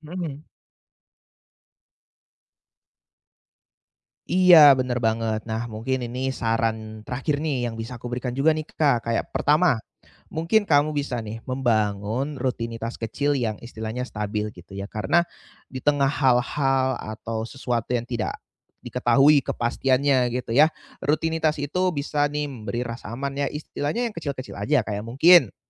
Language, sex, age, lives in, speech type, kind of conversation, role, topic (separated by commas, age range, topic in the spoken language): Indonesian, male, 20-24, Indonesia, conversation, advice, advisor, Bagaimana saya bisa membangun ketahanan untuk menghadapi ketidakpastian dalam hidup saya?